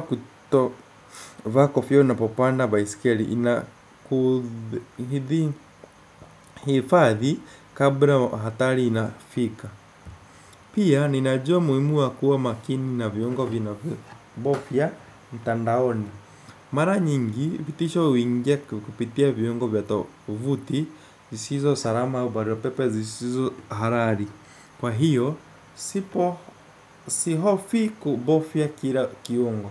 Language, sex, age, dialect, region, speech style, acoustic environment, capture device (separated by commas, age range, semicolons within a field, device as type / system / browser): Swahili, male, 18-24, Kenya - Nairobi Sheng-influenced, Kenya, tired; happy; anxious; nervous, construction; traffic; people talking; appliances, desktop / Windows / Chrome